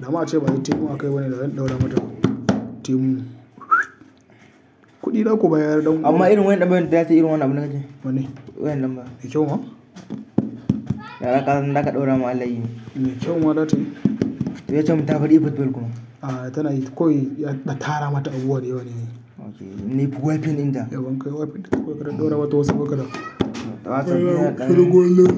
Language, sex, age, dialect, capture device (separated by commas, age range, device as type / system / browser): Hausa, male, 18-24, Nigeria - Katsina, mobile / Linux / Chrome